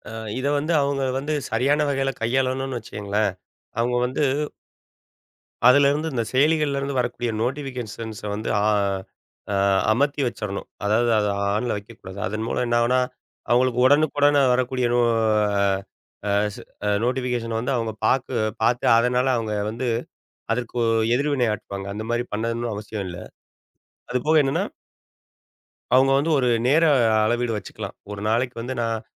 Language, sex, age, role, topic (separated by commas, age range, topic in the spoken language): Tamil, male, 40-44, guest, சமூக வலைத்தளத்தில் வரும் விருப்பங்களும் கருத்துகளும் மனநிலையை எவ்வாறு பாதிக்கின்றன?